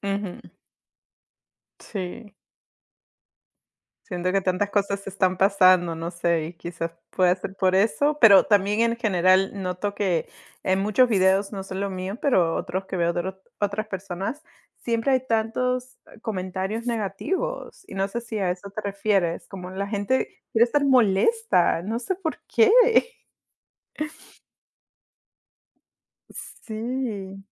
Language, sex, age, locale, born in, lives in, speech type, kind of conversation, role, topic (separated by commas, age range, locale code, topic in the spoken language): Spanish, female, 30-34, es-PE, United States, United States, conversation, unstructured, none, ¿Qué aplicación usas todos los días y por qué?